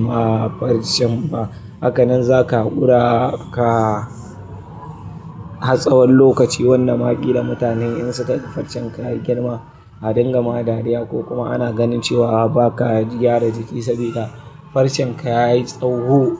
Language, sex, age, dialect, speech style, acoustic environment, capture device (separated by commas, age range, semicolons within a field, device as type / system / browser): Hausa, male, 25-34, Nigeria - Kano, nervous, people talking; traffic; appliances, mobile / Linux / Chrome